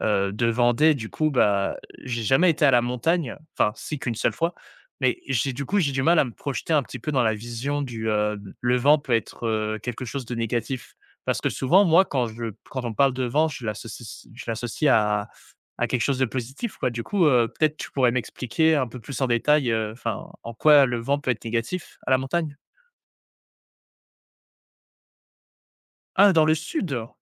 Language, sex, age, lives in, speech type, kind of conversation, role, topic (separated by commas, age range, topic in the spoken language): French, male, 20-24, France, conversation, podcast, host, Peux-tu raconter une balade en nature qui t’a vraiment recentré ?